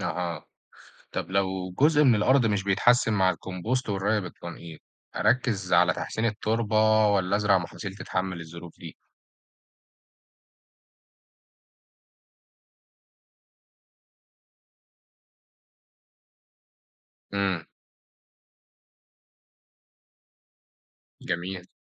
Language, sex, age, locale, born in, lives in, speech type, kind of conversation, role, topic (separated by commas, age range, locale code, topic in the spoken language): Arabic, male, 18-19, ar-EG, Egypt, Egypt, read, expert-assistant, asker, إيه الحلول العملية عشان نزود إنتاجية الأرض الزراعية في صعيد مصر بالرغم من مشاكل الملوحة أو قلة الميّه؟